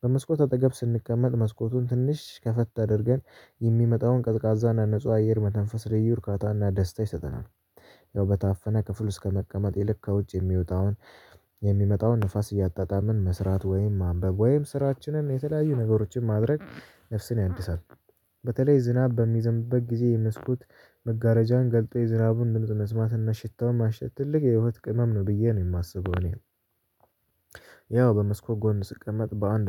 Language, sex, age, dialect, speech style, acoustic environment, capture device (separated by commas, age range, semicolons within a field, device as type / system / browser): Amharic, male, 18-24, Ethiopia - Addis Ababa, nervous, nature; people talking; traffic; construction, mobile / Linux / Chrome